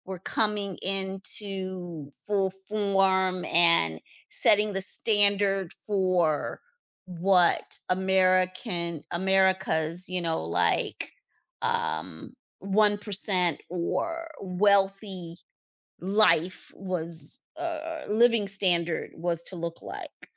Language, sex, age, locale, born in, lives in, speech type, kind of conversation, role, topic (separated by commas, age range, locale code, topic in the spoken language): English, female, 50-54, en-US, United States, United States, conversation, unstructured, none, What do you think life was like 100 years ago?